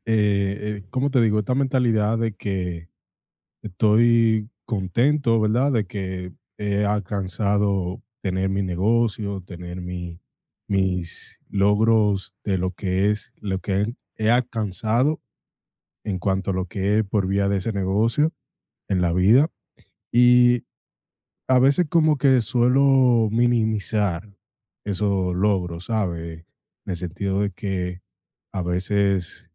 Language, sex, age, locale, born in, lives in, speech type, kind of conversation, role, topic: Spanish, male, 25-29, es-DO, United States, United States, conversation, advice, user, ¿Cómo puedo aceptar cumplidos sin minimizar mis logros personales?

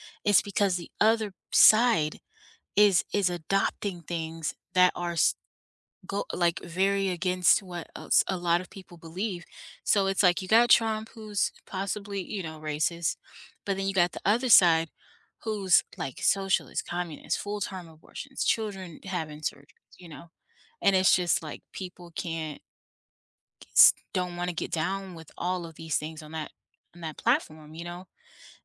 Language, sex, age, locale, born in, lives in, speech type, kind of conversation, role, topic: English, female, 30-34, en-US, United States, United States, conversation, unstructured, none, What frustrates you most about how politicians handle promises?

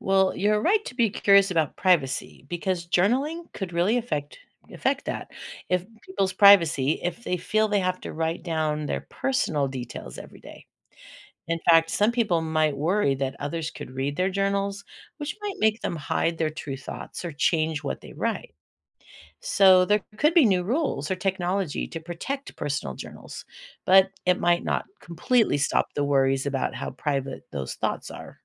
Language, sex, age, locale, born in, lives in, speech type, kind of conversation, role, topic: English, female, 60-64, en-US, United States, United States, read, expert-assistant, expert, What would happen if everyone kept a daily journal?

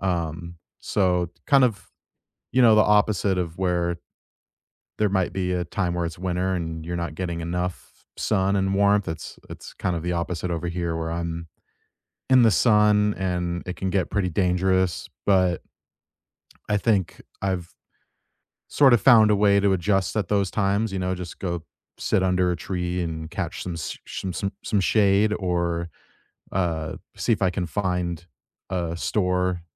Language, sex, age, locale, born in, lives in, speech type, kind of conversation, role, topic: English, male, 30-34, en-US, United States, United States, conversation, unstructured, none, What is a comfort game or hobby you use to unwind after work?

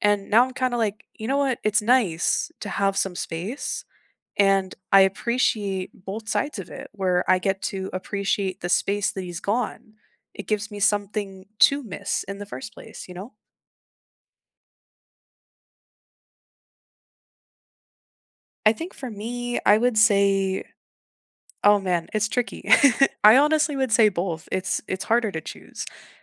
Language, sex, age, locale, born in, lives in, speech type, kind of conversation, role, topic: English, female, 25-29, en-US, United States, Canada, conversation, unstructured, none, How do you balance independence and togetherness in close relationships?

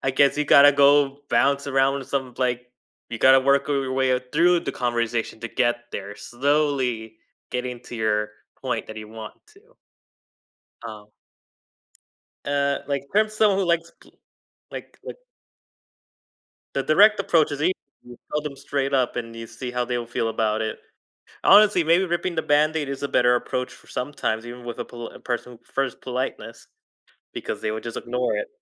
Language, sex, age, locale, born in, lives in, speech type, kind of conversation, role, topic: English, male, 20-24, en-US, United States, United States, conversation, podcast, guest, How does being honest shape your relationships and daily choices?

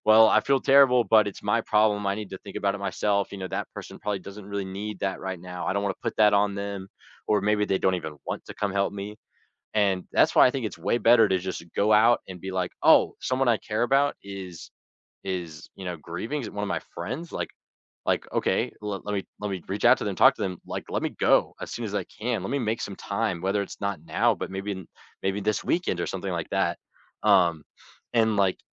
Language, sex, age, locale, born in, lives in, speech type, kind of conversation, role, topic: English, male, 18-19, en-US, United States, United States, conversation, unstructured, none, How can friends best support someone who is grieving?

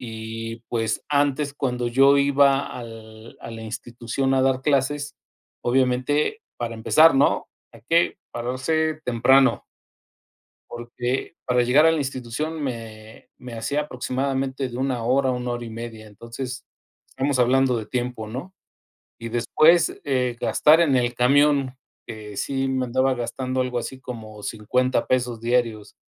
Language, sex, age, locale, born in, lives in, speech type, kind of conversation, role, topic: Spanish, male, 50-54, es-MX, Mexico, Mexico, conversation, unstructured, none, ¿De qué manera la tecnología ha facilitado tu vida diaria?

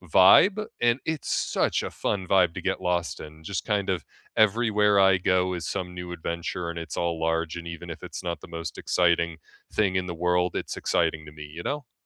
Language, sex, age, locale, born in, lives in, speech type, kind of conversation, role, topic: English, male, 25-29, en-US, United States, United States, conversation, unstructured, none, What is your favorite memory from an unplanned day trip?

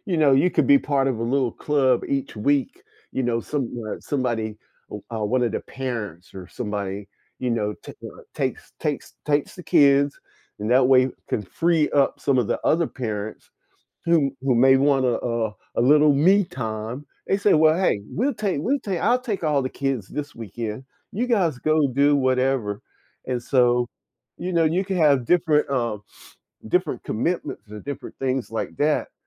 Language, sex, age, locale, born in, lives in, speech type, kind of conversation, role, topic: English, male, 60-64, en-US, United States, United States, conversation, unstructured, none, What factors influence your choice between relaxing at home or going out on weekends?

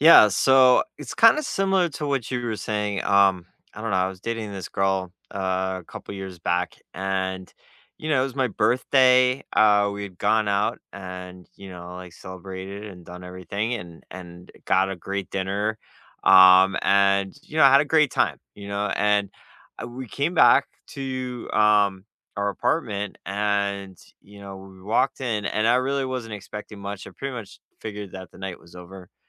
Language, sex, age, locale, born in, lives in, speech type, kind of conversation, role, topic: English, male, 40-44, en-US, United States, United States, conversation, unstructured, none, When have you felt truly seen by someone?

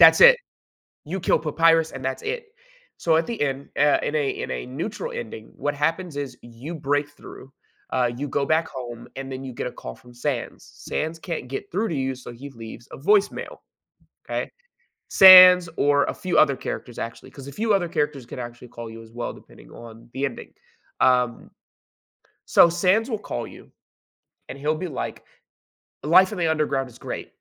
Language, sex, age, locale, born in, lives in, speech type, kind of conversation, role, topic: English, male, 20-24, en-US, United States, United States, conversation, unstructured, none, How does humor affect our enjoyment of entertainment and storytelling?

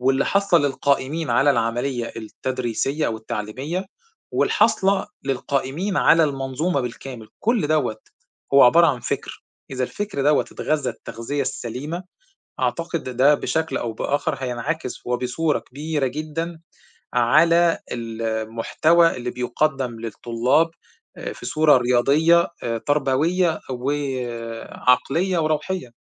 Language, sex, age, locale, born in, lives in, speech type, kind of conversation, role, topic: Arabic, male, 40-44, ar-EG, Egypt, Egypt, conversation, unstructured, none, هل شايف إن المدارس مقصّرة في تعليم الرياضة بالشكل الكفاية؟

